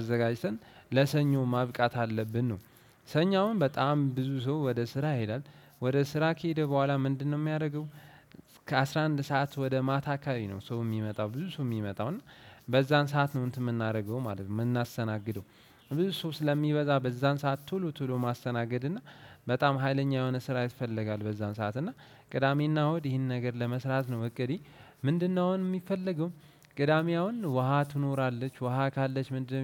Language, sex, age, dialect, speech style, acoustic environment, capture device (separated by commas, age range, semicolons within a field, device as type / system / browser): Amharic, male, 18-24, Ethiopia - Addis Ababa, relaxed; focused, silence; nature, desktop / Windows / Chrome